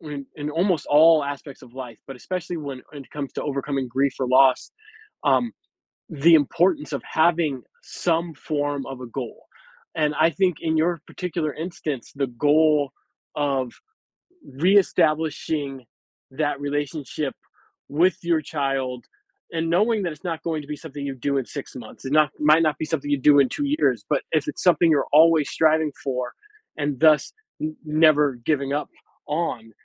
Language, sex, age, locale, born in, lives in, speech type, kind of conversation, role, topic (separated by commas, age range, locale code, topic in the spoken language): English, male, 40-44, en-US, United States, United States, conversation, advice, advisor, How can I move forward after a recent loss?